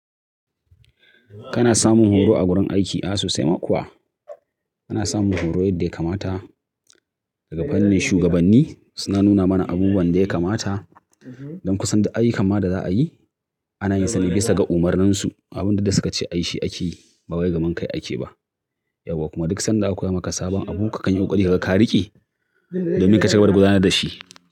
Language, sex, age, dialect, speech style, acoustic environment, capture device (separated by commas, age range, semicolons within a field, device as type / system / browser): Hausa, male, 35-44, Nigeria - Kano, excited, nature, mobile / Linux / Chrome